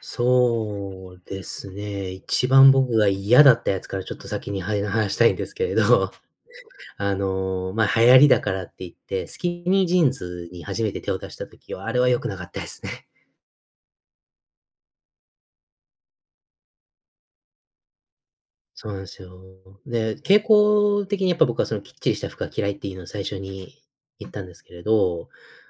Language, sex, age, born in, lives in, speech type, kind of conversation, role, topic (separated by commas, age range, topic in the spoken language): Japanese, male, 30-34, United States, United States, conversation, podcast, guest, いちばんお気に入りの服はどんな服で、なぜそれが好きなのですか？